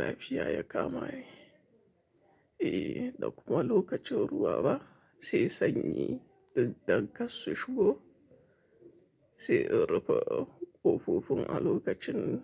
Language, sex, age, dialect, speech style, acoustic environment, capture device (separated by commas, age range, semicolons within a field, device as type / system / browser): Hausa, male, 25-34, Nigeria - Kano, relaxed, silence, mobile / Linux / Chrome